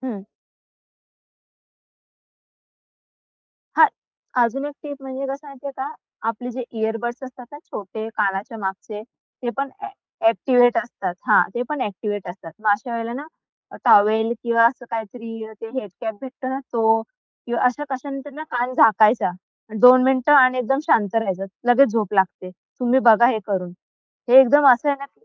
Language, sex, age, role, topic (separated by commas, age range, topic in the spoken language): Marathi, female, 25-29, guest, मधल्या रात्री जाग आली तर पुन्हा झोप कशी लागते?